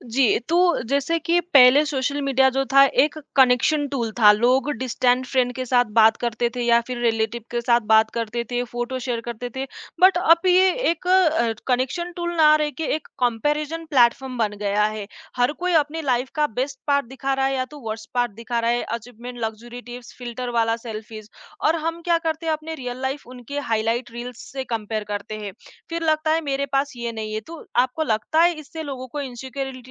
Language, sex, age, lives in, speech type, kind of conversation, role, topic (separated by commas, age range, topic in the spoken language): Hindi, female, 20-24, India, conversation, podcast, host, Social media se mental health par kya asar padta hai, tumhara kya nazariya hai?